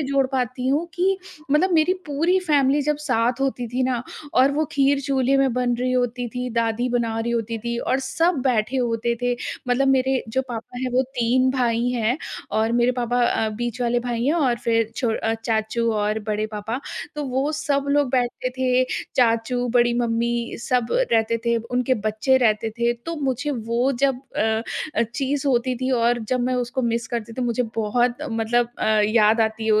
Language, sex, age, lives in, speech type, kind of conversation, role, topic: Hindi, female, 30-34, India, conversation, podcast, guest, कौन-सी खाने की डिश तुम्हें अपनी जड़ों की याद दिलाती है?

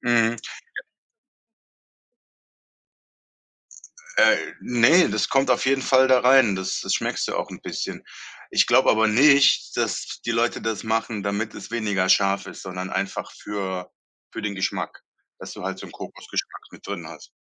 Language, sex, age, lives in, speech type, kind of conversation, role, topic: German, male, 35-39, Germany, conversation, podcast, guest, Welches Gericht hat deinen Geschmack nachhaltig verändert?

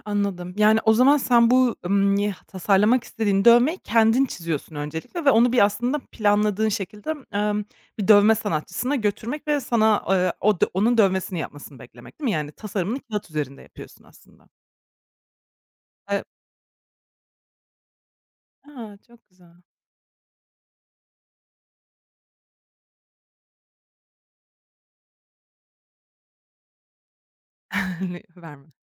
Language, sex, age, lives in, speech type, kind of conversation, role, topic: Turkish, female, 25-29, Germany, conversation, podcast, host, Kendi sanatsal tarzını bulmak için ne tür denemeler yaparsın?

